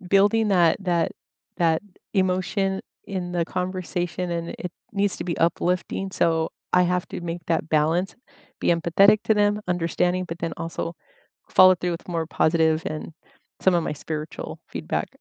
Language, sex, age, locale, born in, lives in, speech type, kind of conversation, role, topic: English, female, 50-54, en-US, United States, United States, conversation, unstructured, none, What little daily rituals make your mornings better?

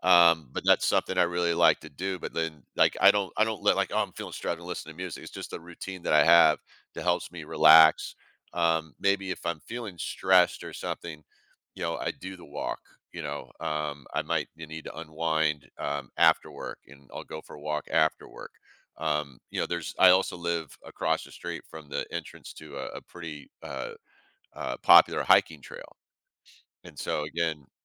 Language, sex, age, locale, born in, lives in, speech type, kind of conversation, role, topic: English, male, 55-59, en-US, United States, United States, conversation, podcast, guest, In what ways has your hobby made a positive impact on your well-being?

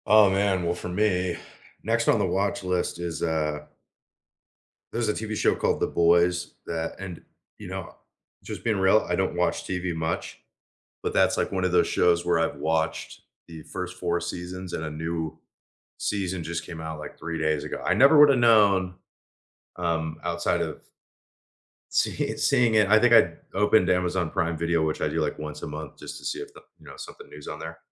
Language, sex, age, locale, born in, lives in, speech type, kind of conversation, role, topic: English, male, 25-29, en-US, United States, United States, conversation, unstructured, none, What should you watch next?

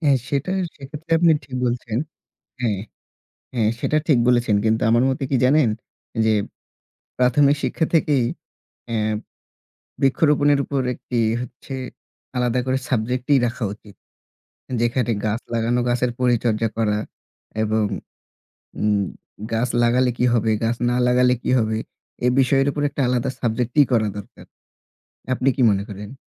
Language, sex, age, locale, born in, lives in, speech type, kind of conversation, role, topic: Bengali, male, 25-29, bn-BD, Bangladesh, Bangladesh, conversation, unstructured, none, গাছ লাগানো কেন আমাদের জন্য জরুরি?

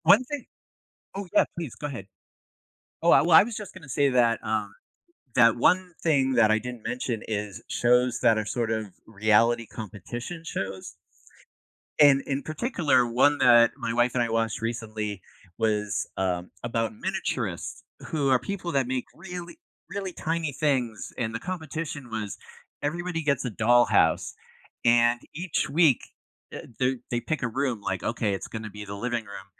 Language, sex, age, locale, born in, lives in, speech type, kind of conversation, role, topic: English, male, 55-59, en-US, United States, United States, conversation, podcast, guest, What draws people in and keeps them watching a TV show episode after episode?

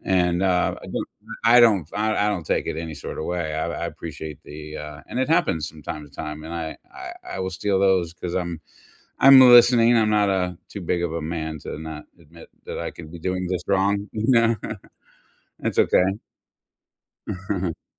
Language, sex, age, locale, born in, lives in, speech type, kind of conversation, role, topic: English, male, 55-59, en-US, United States, United States, conversation, unstructured, none, What small or quirky workout rituals make exercise more fun for you?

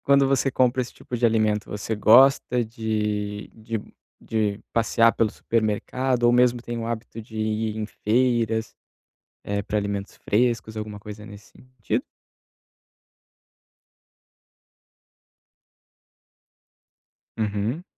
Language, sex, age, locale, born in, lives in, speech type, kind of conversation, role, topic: Portuguese, male, 30-34, pt-BR, Brazil, Italy, conversation, advice, advisor, Como posso controlar a vontade de comer alimentos processados no dia a dia?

